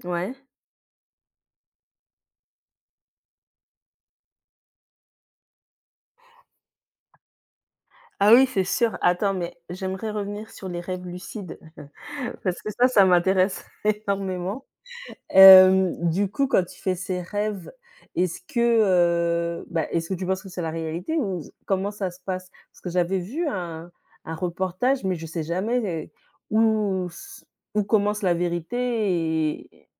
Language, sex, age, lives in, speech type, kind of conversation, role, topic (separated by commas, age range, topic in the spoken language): French, female, 35-39, Spain, conversation, unstructured, none, Comment gères-tu le stress au quotidien ?